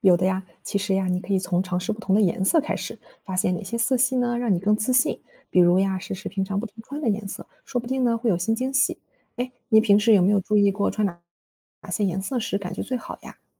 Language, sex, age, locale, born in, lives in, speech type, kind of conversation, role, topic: Chinese, female, 25-29, zh-CN, China, Germany, read, expert-assistant, expert, 为什么我总觉得买回来的衣服不适合自己的体型或风格？可能是哪些选择或搭配上出现了问题？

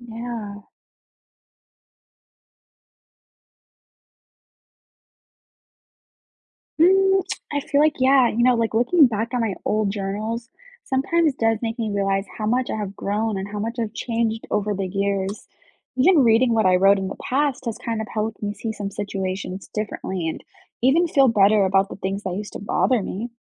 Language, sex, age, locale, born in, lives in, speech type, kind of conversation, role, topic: English, female, 20-24, en-US, United States, United States, read, expert-assistant, asker, What would happen if everyone kept a daily journal?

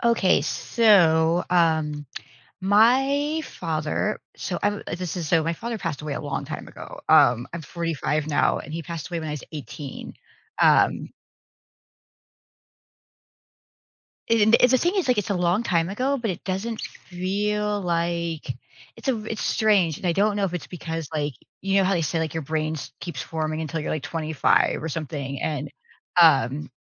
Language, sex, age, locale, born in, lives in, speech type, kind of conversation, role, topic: English, female, 45-49, en-US, United States, United States, conversation, advice, user, How do I cope with intense grief after losing a close family member?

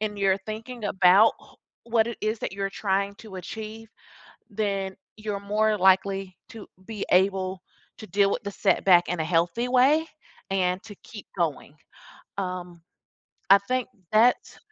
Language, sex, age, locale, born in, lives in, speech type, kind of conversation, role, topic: English, female, 40-44, en-US, United States, United States, conversation, podcast, guest, What helps you stay motivated and resilient when working toward your goals?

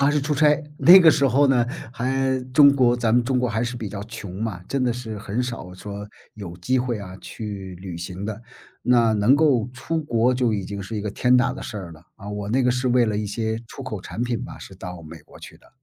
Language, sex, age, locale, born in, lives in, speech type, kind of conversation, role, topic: Chinese, male, 55-59, zh-CN, China, United States, conversation, podcast, guest, 有哪次旅程真的改变了你看世界的方式吗？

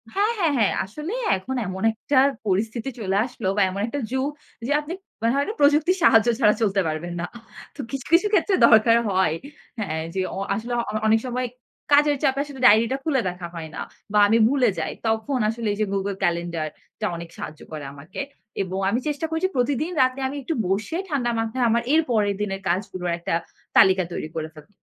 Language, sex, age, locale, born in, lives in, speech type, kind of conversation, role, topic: Bengali, female, 25-29, bn-BD, Bangladesh, Bangladesh, conversation, podcast, guest, কাজের চাপ সামলাতে তুমি কী করো?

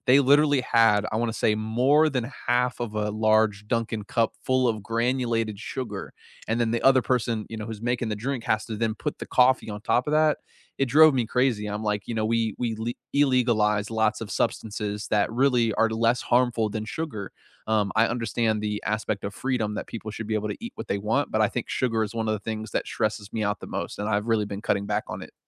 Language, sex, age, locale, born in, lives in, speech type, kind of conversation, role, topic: English, male, 55-59, en-US, United States, United States, conversation, unstructured, none, What quick stress resets help you most, and how can we support each other?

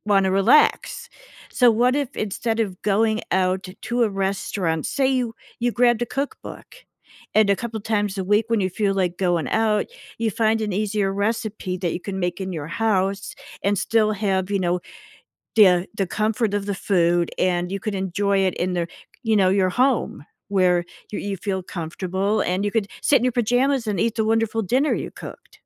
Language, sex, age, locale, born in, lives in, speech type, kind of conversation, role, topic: English, female, 55-59, en-US, United States, United States, conversation, advice, advisor, How can I quickly lower my monthly bills without making major lifestyle sacrifices?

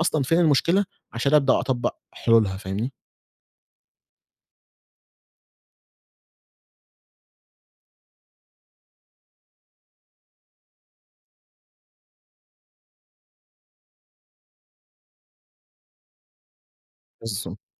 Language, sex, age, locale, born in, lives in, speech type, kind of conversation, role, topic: Arabic, male, 20-24, ar-EG, Egypt, Egypt, conversation, podcast, guest, إزاي تحوّل مواد التعلّم لملخصات عملية تقدر تطبّقها؟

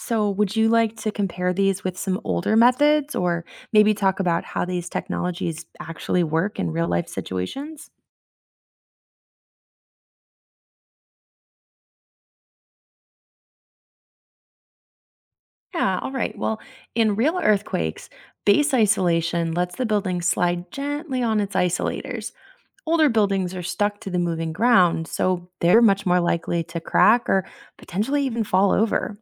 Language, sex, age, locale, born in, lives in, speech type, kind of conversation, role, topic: English, female, 30-34, en-US, United States, United States, read, expert-assistant, expert, How do architects design buildings to withstand earthquakes?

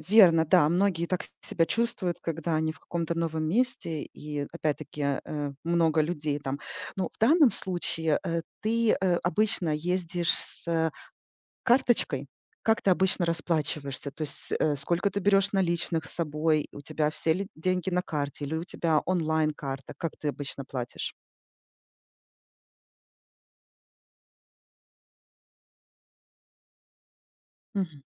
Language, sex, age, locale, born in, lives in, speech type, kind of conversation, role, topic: Russian, female, 40-44, ru-RU, Ukraine, United States, conversation, advice, advisor, Как справляться с непредвиденными проблемами в путешествиях?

